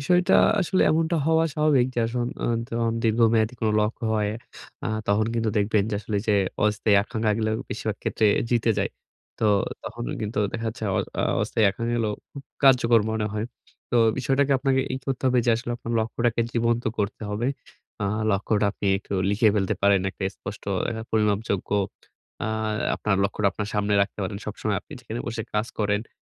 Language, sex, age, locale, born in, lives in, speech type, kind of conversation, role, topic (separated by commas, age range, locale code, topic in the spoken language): Bengali, male, 25-29, bn-BD, Bangladesh, Bangladesh, conversation, advice, advisor, দীর্ঘমেয়াদী লক্ষ্য বজায় রেখে ক্ষণস্থায়ী আকাঙ্ক্ষা কীভাবে সামলাব?